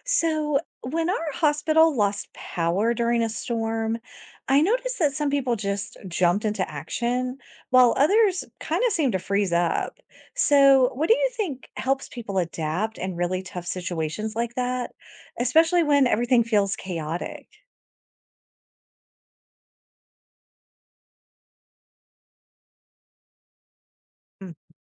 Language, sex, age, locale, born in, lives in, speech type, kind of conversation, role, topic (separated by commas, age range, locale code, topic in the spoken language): English, female, 45-49, en-US, United States, United States, read, expert-assistant, asker, How can extreme weather events teach resilience strategies?